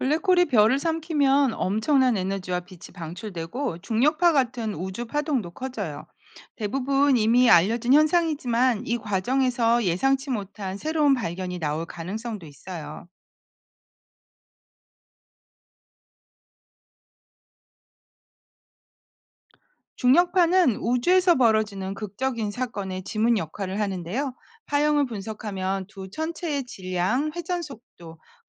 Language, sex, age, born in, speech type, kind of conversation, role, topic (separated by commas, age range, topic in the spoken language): Korean, female, 50-54, South Korea, read, expert-assistant, expert, 만약 블랙홀과 별을 합쳐서 새로운 우주 현상을 상상해본다면 어떤 모습일까요?